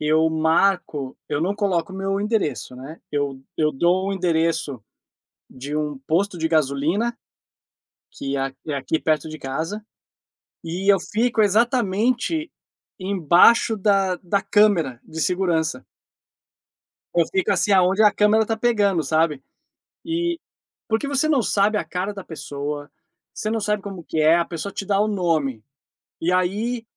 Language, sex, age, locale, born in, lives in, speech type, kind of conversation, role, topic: Portuguese, male, 40-44, pt-BR, Brazil, United States, conversation, podcast, host, Como construir confiança online com pessoas que você não conhece?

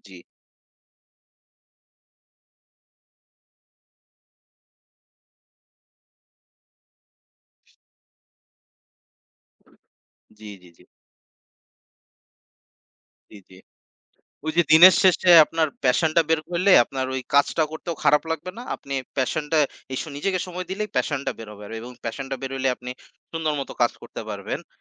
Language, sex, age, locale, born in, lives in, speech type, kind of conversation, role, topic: Bengali, male, 20-24, bn-BD, Bangladesh, Bangladesh, conversation, unstructured, none, আপনি নিজের জন্য সময় বের করতে কীভাবে চেষ্টা করেন?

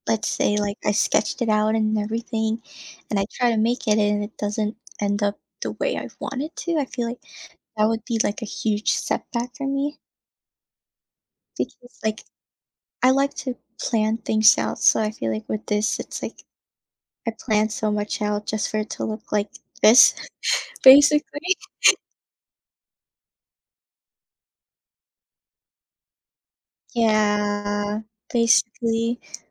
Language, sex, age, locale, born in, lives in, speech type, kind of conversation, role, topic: English, female, 20-24, en-US, Philippines, United States, conversation, advice, user, How can I start a new hobby without getting discouraged?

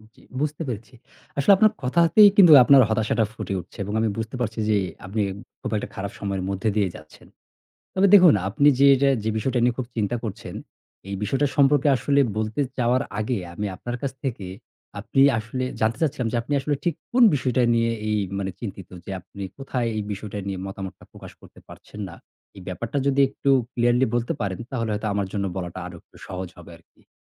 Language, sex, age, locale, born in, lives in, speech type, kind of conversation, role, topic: Bengali, male, 35-39, bn-BD, Bangladesh, Bangladesh, conversation, advice, advisor, আপনি চাকরিতে নিজের মতামত প্রকাশ করতে কেন ভয় পান?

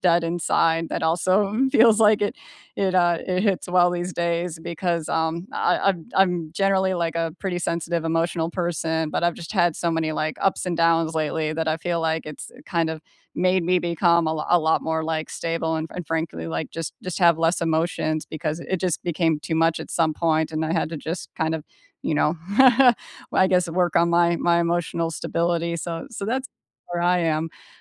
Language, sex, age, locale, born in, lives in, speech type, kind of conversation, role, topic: English, female, 40-44, en-US, United States, United States, conversation, unstructured, none, Which songs or artists have matched your mood lately, and what about them resonates with your life right now?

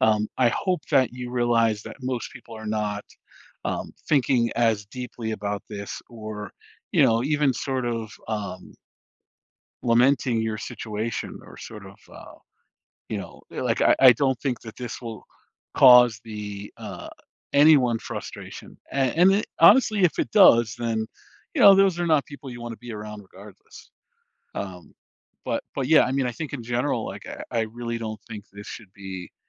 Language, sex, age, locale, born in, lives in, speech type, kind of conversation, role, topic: English, male, 40-44, en-US, United States, United States, conversation, advice, advisor, How can I stop worrying about how others view my appearance?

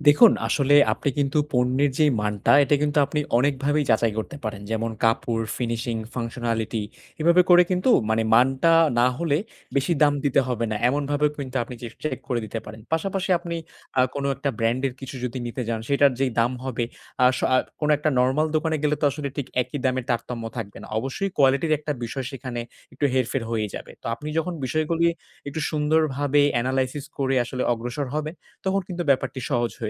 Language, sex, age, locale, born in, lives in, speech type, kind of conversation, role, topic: Bengali, male, 20-24, bn-BD, Bangladesh, Bangladesh, conversation, advice, advisor, বাজেটের মধ্যে থেকে কীভাবে ভালো মানের পোশাক ও প্রয়োজনীয় জিনিস কেনা যায়?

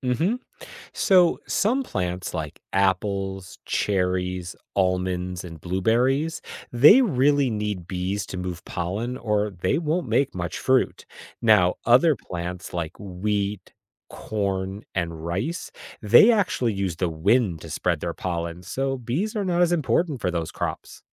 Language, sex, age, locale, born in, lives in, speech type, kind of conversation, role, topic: English, male, 45-49, en-US, United States, United States, read, expert-assistant, expert, How do bees help plants grow and make food?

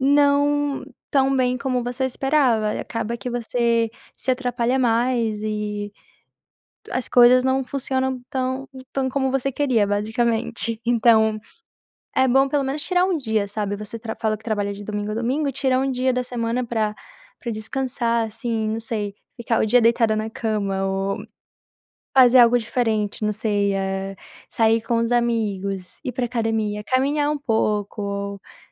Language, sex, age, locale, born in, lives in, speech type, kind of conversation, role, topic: Portuguese, female, 20-24, pt-BR, Brazil, United States, conversation, advice, advisor, Como posso equilibrar melhor o trabalho e o meu tempo livre?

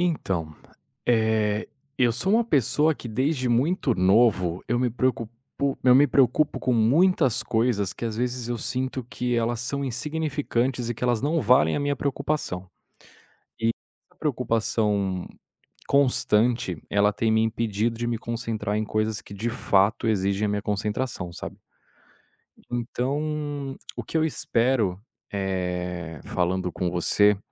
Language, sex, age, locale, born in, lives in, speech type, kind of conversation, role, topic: Portuguese, male, 30-34, pt-BR, Brazil, Spain, conversation, advice, user, Como você descreveria a preocupação constante que impede sua concentração?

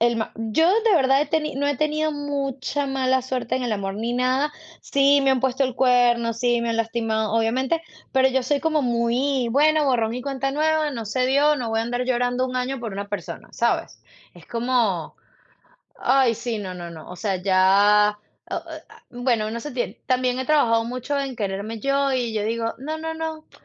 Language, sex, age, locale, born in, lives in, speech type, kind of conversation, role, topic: Spanish, female, 35-39, es-VE, Venezuela, United States, conversation, unstructured, none, ¿Qué ha sido lo más sorprendente que has vivido en el amor?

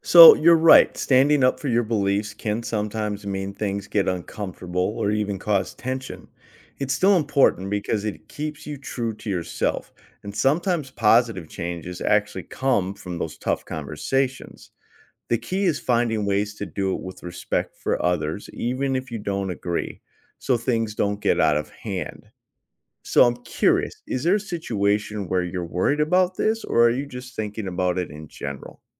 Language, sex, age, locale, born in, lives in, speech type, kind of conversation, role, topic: English, male, 40-44, en-US, United States, United States, read, expert-assistant, expert, Why is it important to stand up for what you believe in?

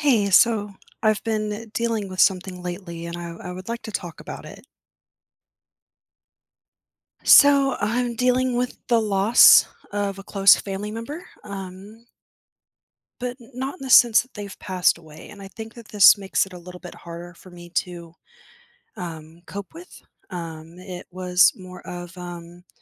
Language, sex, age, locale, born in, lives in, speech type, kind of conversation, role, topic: English, female, 30-34, en-US, United States, United States, conversation, advice, user, How can I cope with the loss of a close family member?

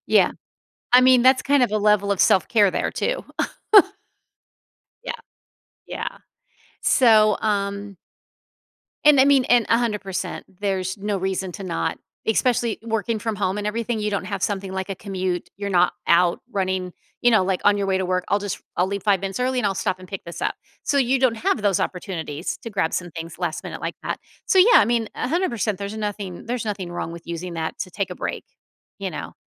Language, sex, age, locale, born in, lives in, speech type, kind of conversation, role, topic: English, female, 50-54, en-US, United States, United States, conversation, advice, advisor, How can I prioritize my daily responsibilities?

